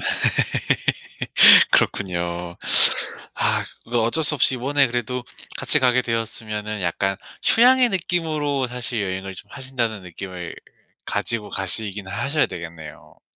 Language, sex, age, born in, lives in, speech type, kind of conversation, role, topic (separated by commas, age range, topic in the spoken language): Korean, male, 25-29, South Korea, South Korea, conversation, advice, advisor, 어떻게 하면 여행 중 예상치 못한 문제에 잘 대처할 수 있을까요?